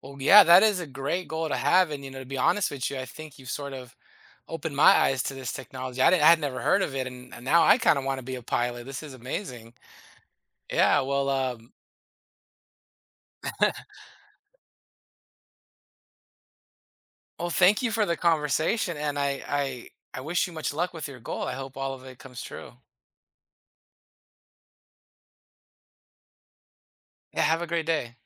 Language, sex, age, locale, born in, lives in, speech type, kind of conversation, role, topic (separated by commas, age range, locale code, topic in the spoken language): English, male, 40-44, en-US, United States, United States, conversation, podcast, host, What hopes and changes do you see for yourself in the next few years?